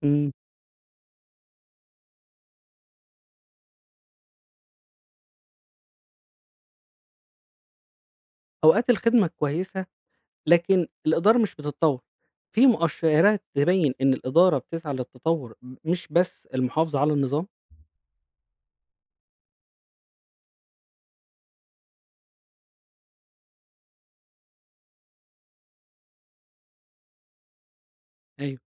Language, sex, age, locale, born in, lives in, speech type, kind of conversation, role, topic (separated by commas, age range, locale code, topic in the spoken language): Arabic, male, 35-39, ar-EG, Egypt, Egypt, read, expert-assistant, asker, إزاي ممكن أحدد إذا كان نظام الإدارة في مستشفى معين فعال فعلاً؟ وإيه المؤشرات اللي أبحث عنها؟